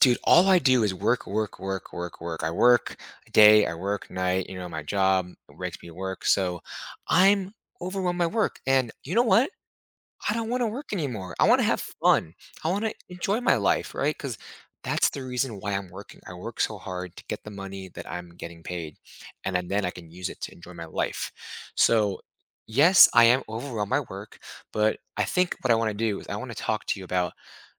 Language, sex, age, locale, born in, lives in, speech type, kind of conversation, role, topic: English, male, 30-34, en-US, United States, United States, conversation, advice, user, How can I manage feeling overwhelmed by tight work deadlines?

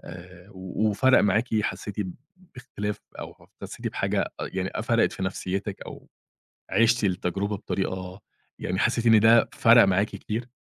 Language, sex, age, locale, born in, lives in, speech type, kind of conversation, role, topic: Arabic, male, 30-34, ar-EG, Egypt, Egypt, conversation, podcast, host, إزاي بتقرر تسيب الموبايل وتعيش التجربة؟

